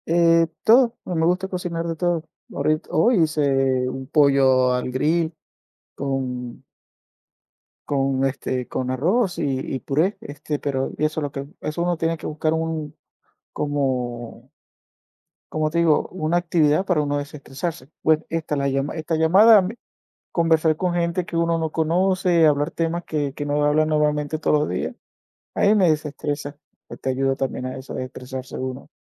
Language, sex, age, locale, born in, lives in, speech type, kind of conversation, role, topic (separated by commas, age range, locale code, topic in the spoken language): Spanish, male, 45-49, es-VE, Venezuela, United States, conversation, unstructured, none, ¿Alguna vez has sentido que tu trabajo te ha agotado emocionalmente?